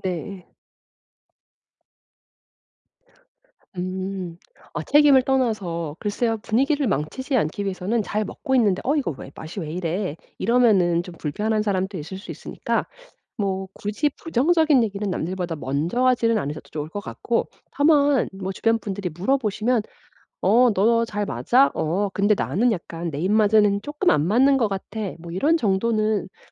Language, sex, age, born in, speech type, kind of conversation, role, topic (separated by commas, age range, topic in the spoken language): Korean, female, 35-39, South Korea, conversation, advice, advisor, 기대와 현실이 다를 때 실망을 어떻게 줄일 수 있을까요?